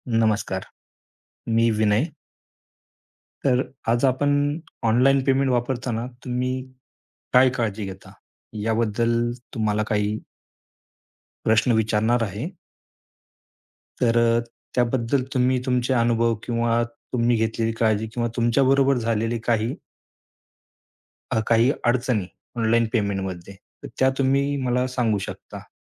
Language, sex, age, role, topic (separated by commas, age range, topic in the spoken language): Marathi, male, 35-39, host, ऑनलाइन पेमेंट्स करताना तुम्ही कोणती काळजी घेता?